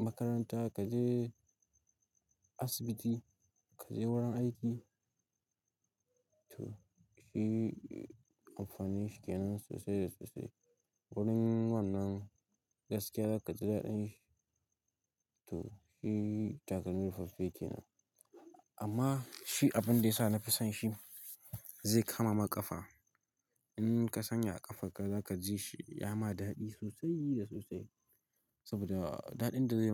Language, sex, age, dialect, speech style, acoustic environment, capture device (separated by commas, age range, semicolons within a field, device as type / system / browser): Hausa, male, 18-24, Nigeria - Katsina, happy, silence, mobile / Linux / Chrome